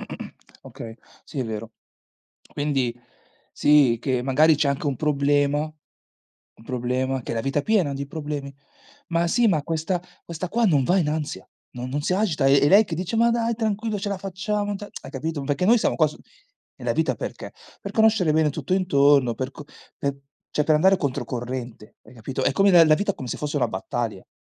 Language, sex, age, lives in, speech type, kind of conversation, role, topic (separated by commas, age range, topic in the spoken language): Italian, male, 40-44, Italy, conversation, unstructured, none, Cosa significa per te il compromesso in coppia?